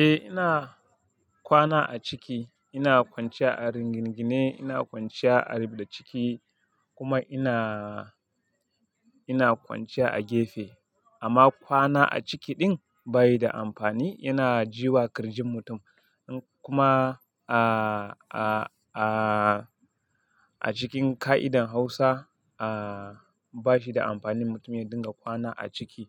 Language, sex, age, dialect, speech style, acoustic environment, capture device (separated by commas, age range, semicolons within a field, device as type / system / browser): Hausa, male, 25-34, Nigeria - Kano, happy, silence, mobile / Linux / Chrome